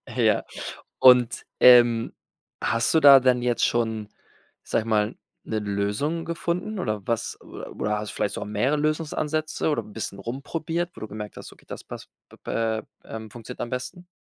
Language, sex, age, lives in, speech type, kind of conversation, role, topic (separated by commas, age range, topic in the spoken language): German, male, 25-29, Spain, conversation, podcast, host, Wie findest du wieder zu dir, wenn alles zu viel wird?